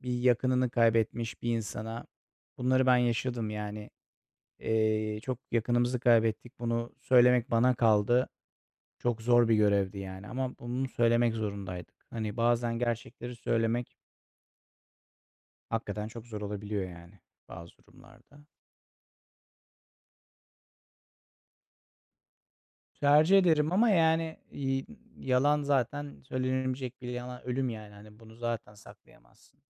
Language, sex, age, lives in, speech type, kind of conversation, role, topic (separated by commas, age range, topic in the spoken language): Turkish, male, 40-44, Netherlands, conversation, unstructured, none, Bir ilişkide yalan söylemek ne kadar kabul edilebilir?